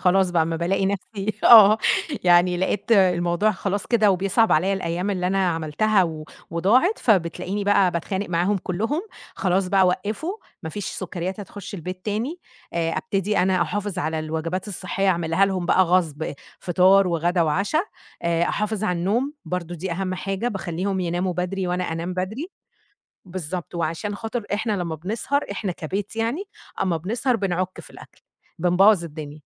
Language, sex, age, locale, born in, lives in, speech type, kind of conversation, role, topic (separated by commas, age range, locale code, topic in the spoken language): Arabic, female, 30-34, ar-EG, Egypt, Egypt, conversation, podcast, guest, إزاي بتحافظ على دافعك إنك تلتزم بعادات صحية؟